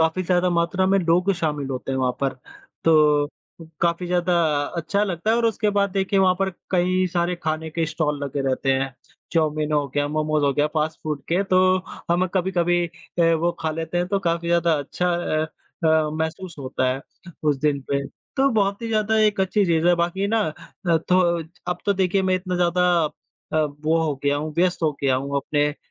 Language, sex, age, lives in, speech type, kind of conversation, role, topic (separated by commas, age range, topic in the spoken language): Hindi, female, 25-29, India, conversation, unstructured, none, आपके घर में त्योहार मनाने का कौन-सा तरीका खास होता है?